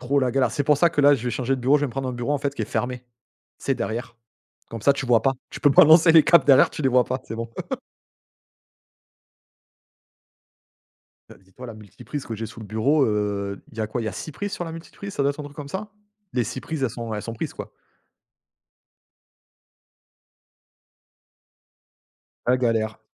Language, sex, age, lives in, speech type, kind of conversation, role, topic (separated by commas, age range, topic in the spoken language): French, male, 35-39, France, conversation, unstructured, none, Comment les plateformes de streaming influencent-elles vos habitudes de visionnage ?